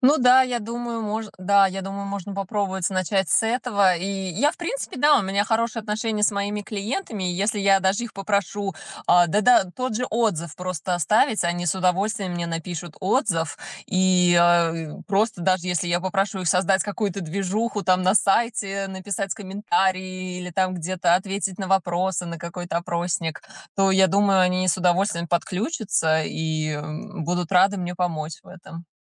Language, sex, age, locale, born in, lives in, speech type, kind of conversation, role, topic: Russian, female, 35-39, ru-RU, Russia, United States, conversation, advice, user, Как привлечь первых клиентов без бюджета?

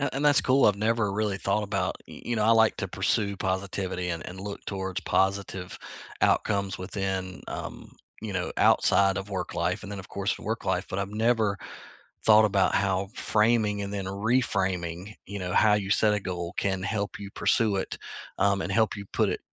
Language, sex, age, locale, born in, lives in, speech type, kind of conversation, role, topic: English, male, 40-44, en-US, United States, United States, conversation, unstructured, none, What is something new you learned this month, and how has it shown up in your life?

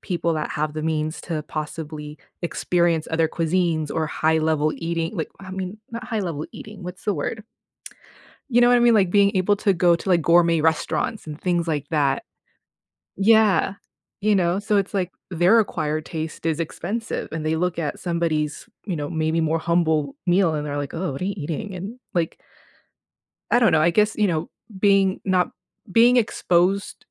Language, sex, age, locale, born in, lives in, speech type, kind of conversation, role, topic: English, female, 35-39, en-US, United States, United States, conversation, unstructured, none, Why do people care so much about what others eat?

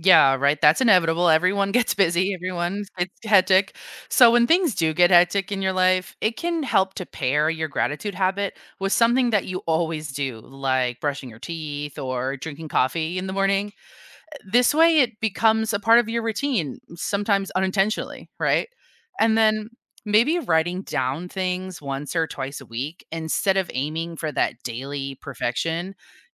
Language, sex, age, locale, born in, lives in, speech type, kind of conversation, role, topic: English, female, 30-34, en-US, United States, United States, read, expert-assistant, expert, What are the benefits of practicing gratitude every day?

